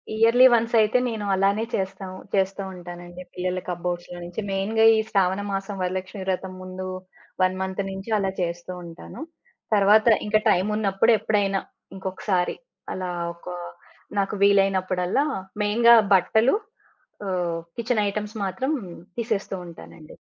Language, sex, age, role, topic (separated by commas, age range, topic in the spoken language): Telugu, female, 40-44, guest, అవసరం లేని వస్తువులను మీరు ఎప్పుడు, ఎలా తొలగిస్తారు?